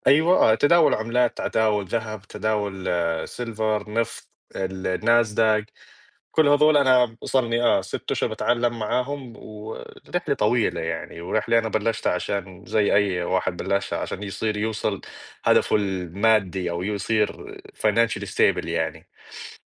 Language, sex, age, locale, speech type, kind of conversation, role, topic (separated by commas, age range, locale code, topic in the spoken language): Arabic, male, 20-24, ar-JO, conversation, unstructured, none, إنت إزاي اتعلمت مهارة جديدة الفترة اللي فاتت؟